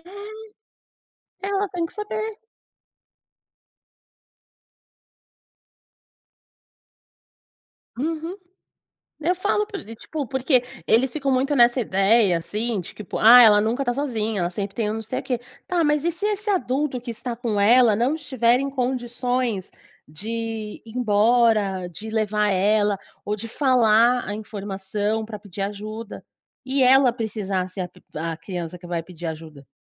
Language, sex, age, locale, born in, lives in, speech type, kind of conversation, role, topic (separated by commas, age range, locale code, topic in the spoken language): Portuguese, female, 30-34, pt-BR, Brazil, Portugal, conversation, podcast, guest, Que dica prática você dá para não se perder em cidades grandes?